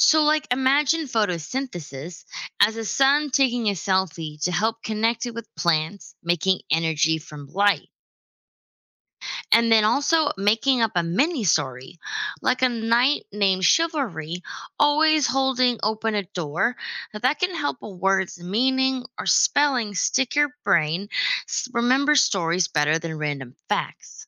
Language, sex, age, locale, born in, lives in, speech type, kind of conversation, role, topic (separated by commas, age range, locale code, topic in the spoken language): English, female, 25-29, en-US, United States, United States, read, expert-assistant, expert, Why do people enjoy learning new things?